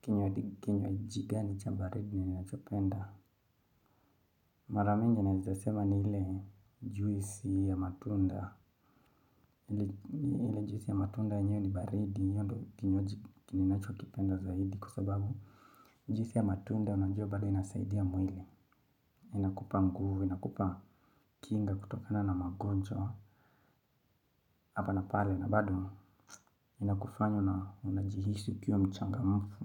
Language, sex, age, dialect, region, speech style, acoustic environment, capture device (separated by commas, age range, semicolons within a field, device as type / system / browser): Swahili, male, 25-34, Kenya - Mombasa, Kenya, happy, silence, mobile / Linux / Chrome